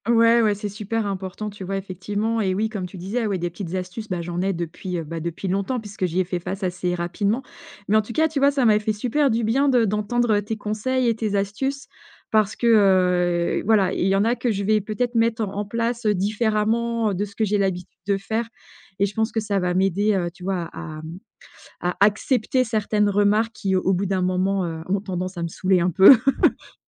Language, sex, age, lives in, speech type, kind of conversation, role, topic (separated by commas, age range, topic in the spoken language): French, female, 45-49, France, conversation, advice, user, Comment concilier des restrictions alimentaires personnelles avec des repas de groupe ?